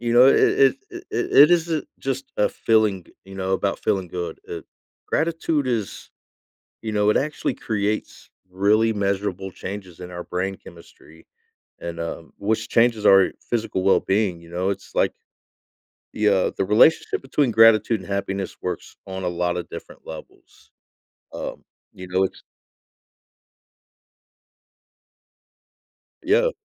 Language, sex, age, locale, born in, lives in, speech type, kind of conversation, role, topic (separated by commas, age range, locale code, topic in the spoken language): English, male, 40-44, en-US, United States, United States, conversation, podcast, guest, How can practicing gratitude shape our outlook and well-being?